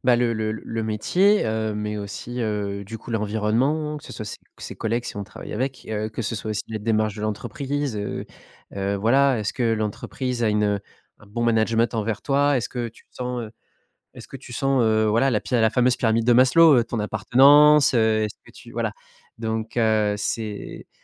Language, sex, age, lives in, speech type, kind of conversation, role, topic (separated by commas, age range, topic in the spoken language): French, male, 30-34, France, conversation, podcast, guest, Comment ton travail façonne-t-il la personne que tu es, selon toi ?